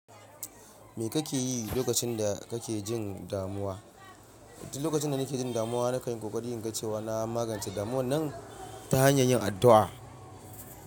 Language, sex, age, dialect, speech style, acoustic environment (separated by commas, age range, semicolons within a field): Hausa, male, 25-34, Nigeria - Ibadan, relaxed, silence